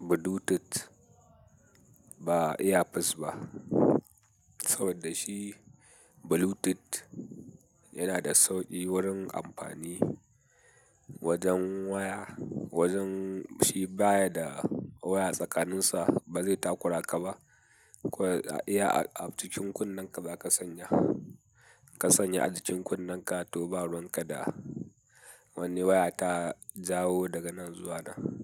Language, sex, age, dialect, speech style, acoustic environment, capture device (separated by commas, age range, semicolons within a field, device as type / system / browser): Hausa, male, 18-24, Nigeria - Katsina, happy, people talking, mobile / Linux / Chrome